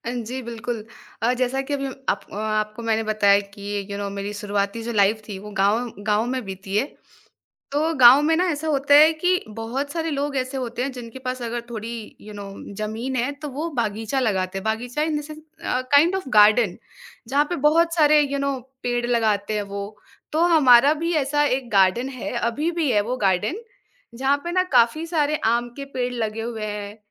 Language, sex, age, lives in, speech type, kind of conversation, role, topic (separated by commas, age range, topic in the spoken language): Hindi, female, 20-24, India, conversation, podcast, guest, Bachpan mein prakriti se judi kaunsi yaad tumhare liye sabse yaadgaar hai, aur uski ek kahani sunaoge?